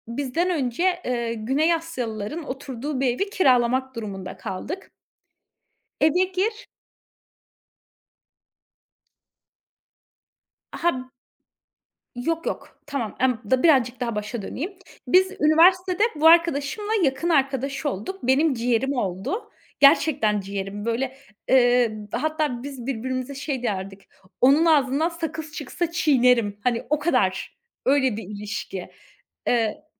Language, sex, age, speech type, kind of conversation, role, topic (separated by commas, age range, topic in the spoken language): Turkish, female, 30-34, conversation, podcast, guest, Tartışma çıktığında arkadaşlığı nasıl korursun?